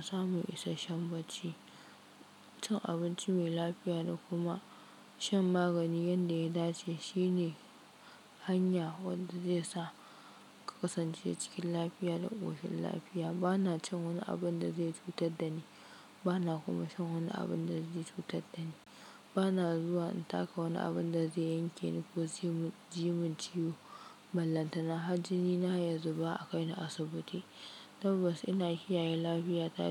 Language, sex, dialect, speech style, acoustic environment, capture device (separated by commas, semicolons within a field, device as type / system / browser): Hausa, female, Nigeria - Kano, focused, silence, mobile / Linux / Chrome